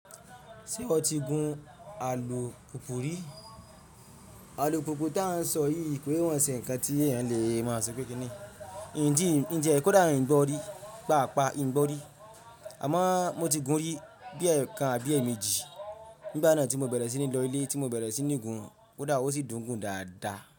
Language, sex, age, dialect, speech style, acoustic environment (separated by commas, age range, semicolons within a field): Yoruba, male, 25-34, Nigeria - Ibadan, relaxed, traffic; silence